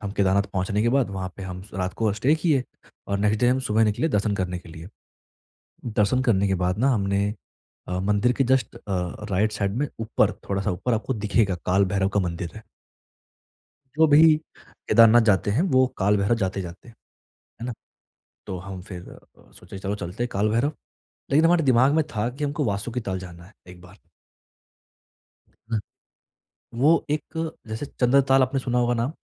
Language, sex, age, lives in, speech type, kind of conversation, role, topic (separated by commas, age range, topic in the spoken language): Hindi, male, 20-24, India, conversation, podcast, guest, Travel ke dauran agar tumhare phone ki battery khatam ho jaaye, toh tum kaise sambhalte ho?